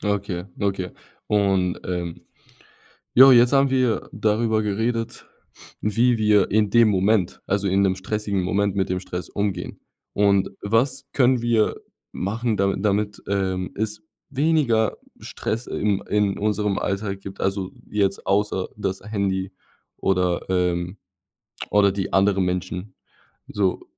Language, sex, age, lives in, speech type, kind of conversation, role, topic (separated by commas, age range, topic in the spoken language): German, male, 20-24, Germany, conversation, podcast, host, Welche Tricks helfen dir, Stress im Alltag zu reduzieren?